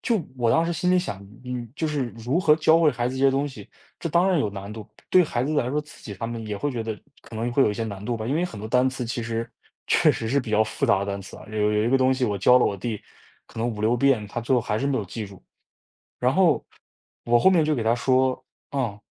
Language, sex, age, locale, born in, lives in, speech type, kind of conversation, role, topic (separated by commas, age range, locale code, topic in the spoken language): Chinese, male, 20-24, zh-CN, China, United States, conversation, podcast, guest, 你如何让孩子或学生真正爱上学习？